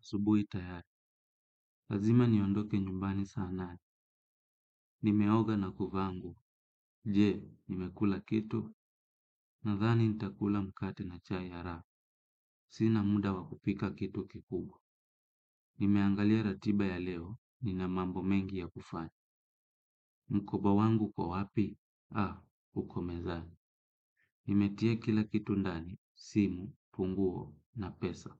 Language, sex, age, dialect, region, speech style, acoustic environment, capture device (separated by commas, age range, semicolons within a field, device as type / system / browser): Swahili, male, 25-34, Kenya - Kitui, Kenya, happy; relaxed, silence, desktop / Windows / Chrome